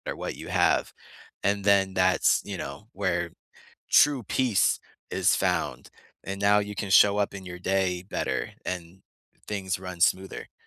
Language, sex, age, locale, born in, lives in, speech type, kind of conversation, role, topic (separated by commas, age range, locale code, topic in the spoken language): English, male, 25-29, en-US, United States, United States, conversation, unstructured, none, What everyday habits make your day run more smoothly?